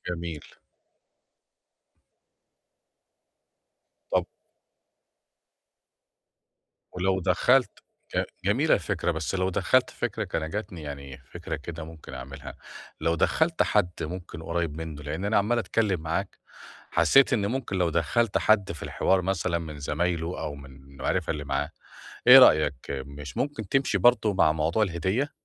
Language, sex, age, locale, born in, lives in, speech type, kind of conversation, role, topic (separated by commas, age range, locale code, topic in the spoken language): Arabic, male, 45-49, ar-EG, Egypt, Portugal, conversation, advice, user, أتعامل إزاي مع النقد السلبي أو التعليقات اللي بتتقال بنيّة وحشة: أرد ولا أتجاهل؟